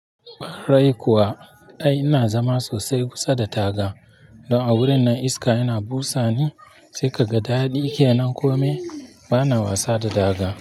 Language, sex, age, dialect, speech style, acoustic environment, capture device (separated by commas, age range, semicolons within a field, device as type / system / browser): Hausa, male, 25-34, Nigeria - Nigerian Standard, relaxed, people talking, mobile / Linux / Chrome